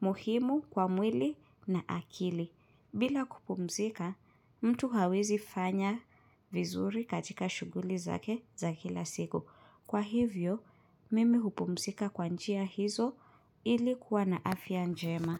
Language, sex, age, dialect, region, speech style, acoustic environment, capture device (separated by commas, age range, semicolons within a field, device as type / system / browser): Swahili, female, 25-34, Kenya - Nairobi Sheng-influenced, Kenya, relaxed, silence, mobile / Linux / Chrome